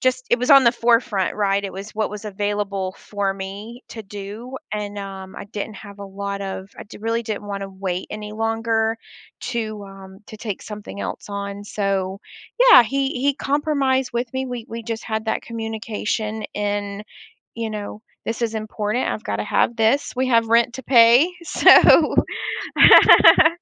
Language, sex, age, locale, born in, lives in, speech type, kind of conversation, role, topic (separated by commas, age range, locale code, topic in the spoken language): English, female, 35-39, en-US, United States, United States, conversation, podcast, guest, How can finding common ground help resolve conflicts in everyday life?